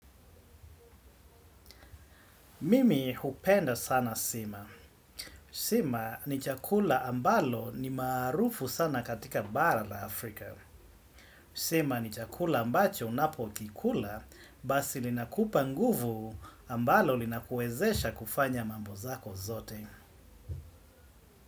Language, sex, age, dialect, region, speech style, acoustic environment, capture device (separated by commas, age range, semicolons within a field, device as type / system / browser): Swahili, male, 35-44, Kenya - Mombasa, Kenya, excited, silence, desktop / Windows / Chrome